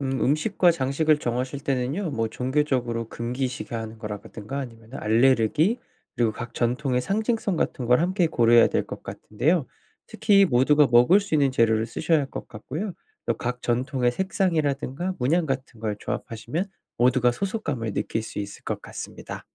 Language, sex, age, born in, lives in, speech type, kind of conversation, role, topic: Korean, male, 25-29, South Korea, United States, read, expert-assistant, expert, 서로 다른 종교의 전통을 합쳐서 새로운 기념일을 만든다면, 어떤 모습일까요?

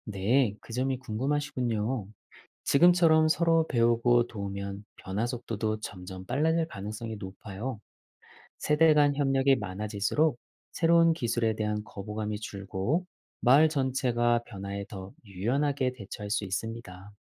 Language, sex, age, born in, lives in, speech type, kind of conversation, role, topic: Korean, male, 40-44, South Korea, Germany, read, expert-assistant, expert, 기후 변화가 곡물의 성장과 수확량에 미치는 주요 원인은 무엇인가요?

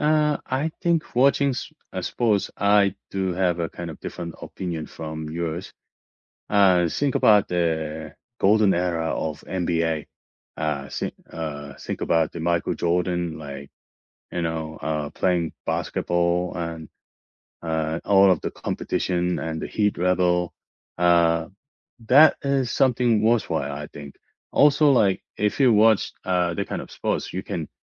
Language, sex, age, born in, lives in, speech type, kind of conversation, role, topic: English, male, 45-49, South Korea, United States, conversation, unstructured, none, What hobby do you think people waste the most time on?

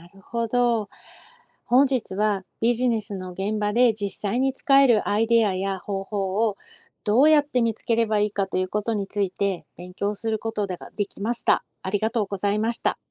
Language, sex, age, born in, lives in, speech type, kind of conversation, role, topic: Japanese, female, 45-49, Japan, United States, read, expert-assistant, asker, ビジネスの現場で実際に使えるアイデアや方法は、どうやって見つければいいでしょうか？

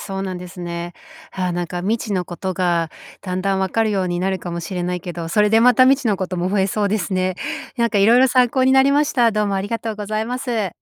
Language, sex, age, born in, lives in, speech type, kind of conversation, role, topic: Japanese, female, 45-49, Japan, United States, read, expert-assistant, asker, 宇宙の始まりについて知りたいとき、どこで信頼できる話や資料を探せますか？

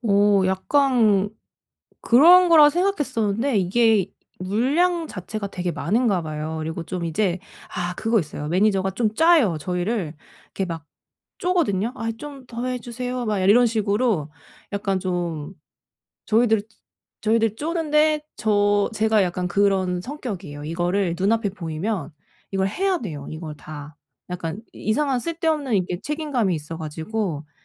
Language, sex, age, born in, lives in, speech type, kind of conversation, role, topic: Korean, female, 35-39, South Korea, Germany, conversation, advice, user, 업무와 회복 시간의 균형을 어떻게 다시 잘 맞출 수 있을까요?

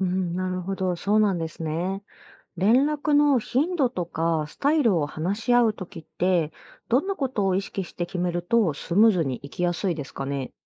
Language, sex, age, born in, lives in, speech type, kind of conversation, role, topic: Japanese, female, 30-34, Japan, Japan, read, expert-assistant, asker, 遠距離恋愛をうまく続けるにはどうしたらいいですか？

